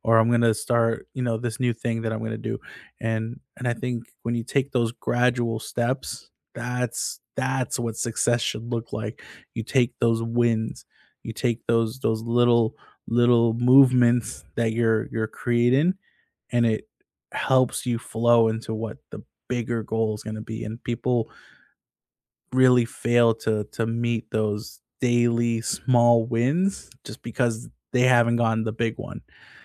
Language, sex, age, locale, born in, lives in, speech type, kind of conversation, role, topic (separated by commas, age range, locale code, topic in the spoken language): English, male, 25-29, en-US, United States, United States, conversation, unstructured, none, How do you pursue big goals while protecting your daily well-being?